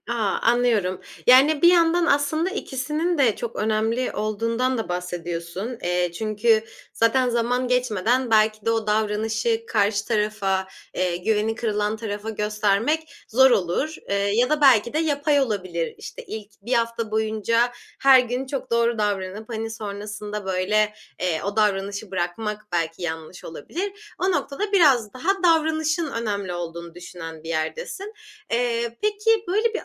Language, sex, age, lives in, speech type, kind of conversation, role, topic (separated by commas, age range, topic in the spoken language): Turkish, female, 25-29, Germany, conversation, podcast, host, Güven kırıldığında, güveni yeniden kurmada zaman mı yoksa davranış mı daha önemlidir?